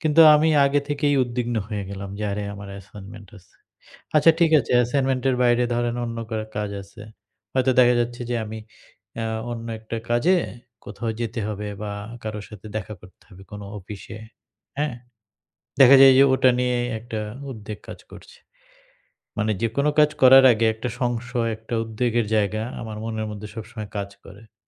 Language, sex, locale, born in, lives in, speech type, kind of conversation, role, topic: Bengali, male, bn-BD, Bangladesh, Bangladesh, conversation, advice, user, আমি প্রতিদিনের ছোট ছোট উদ্বেগগুলোকে কীভাবে স্বাভাবিকভাবে গ্রহণ করে মোকাবিলা করতে পারি?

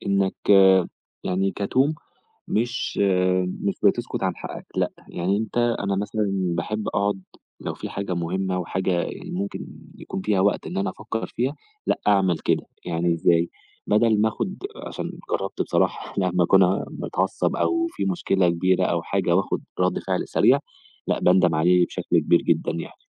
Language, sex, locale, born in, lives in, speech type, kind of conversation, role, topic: Arabic, male, ar-EG, Egypt, Egypt, conversation, podcast, guest, إنت بتعمل إيه لما يحصل خلاف كبير في البيت؟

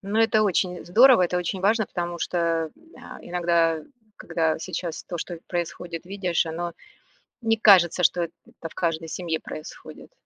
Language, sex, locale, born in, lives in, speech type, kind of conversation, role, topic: Russian, female, ru-RU, Russia, United States, conversation, podcast, host, Как вы выражаете уважение к старшим в вашей семье?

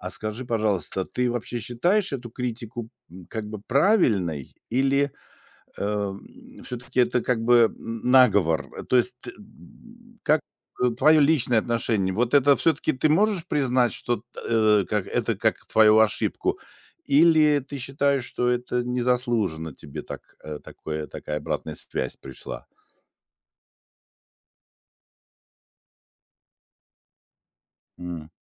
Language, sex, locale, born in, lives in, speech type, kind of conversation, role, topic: Russian, male, ru-RU, Russia, Germany, conversation, advice, advisor, В какой ситуации вы получили негативную обратную связь и как вы её обсудили?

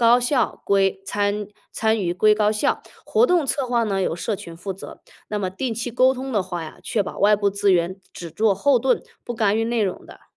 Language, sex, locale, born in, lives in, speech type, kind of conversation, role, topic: Chinese, female, zh-CN, China, United States, read, expert-assistant, expert, 小城市怎样才能吸引年轻人留下来生活和工作？有没有什么有效的方法？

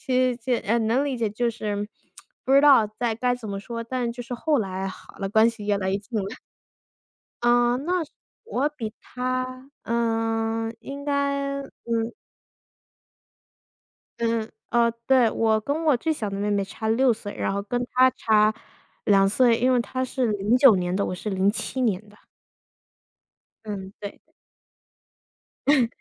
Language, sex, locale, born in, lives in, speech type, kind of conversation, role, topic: Chinese, female, zh-CN, United States, United States, conversation, unstructured, none, 你周末最喜欢做什么活动？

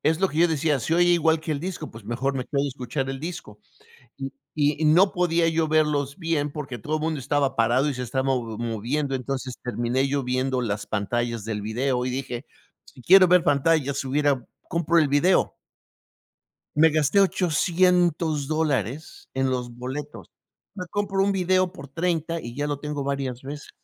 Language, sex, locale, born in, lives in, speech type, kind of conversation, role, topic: Spanish, male, es-MX, Mexico, United States, conversation, unstructured, none, ¿Cuál es tu cantante favorito o tu banda favorita, y qué es lo que más te gusta de esa persona o de esa banda?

